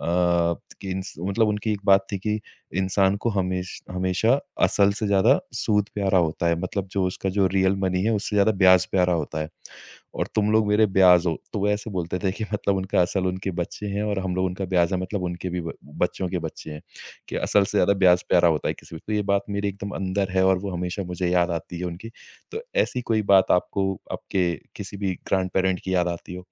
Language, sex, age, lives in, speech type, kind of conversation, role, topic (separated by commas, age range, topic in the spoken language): Hindi, male, 30-34, India, conversation, podcast, host, पीढ़ियों के बीच संवाद ने आपकी पहचान कैसे आकार दी?